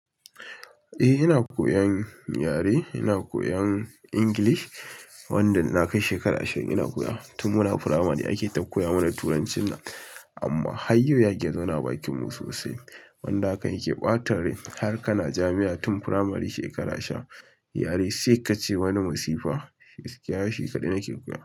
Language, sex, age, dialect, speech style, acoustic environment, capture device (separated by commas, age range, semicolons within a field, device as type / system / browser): Hausa, male, 25-34, Nigeria - Kano, relaxed; focused, silence, mobile / Linux / Chrome